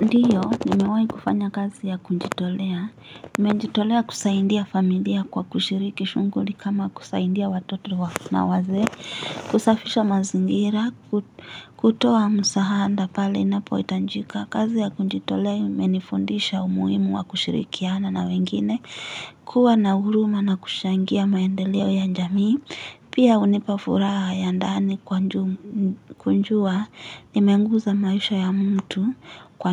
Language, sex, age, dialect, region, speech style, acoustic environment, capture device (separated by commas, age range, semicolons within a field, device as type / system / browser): Swahili, female, 18-24, Kenya - Nairobi Sheng-influenced, Kenya, tired, appliances; nature, mobile / Linux / Chrome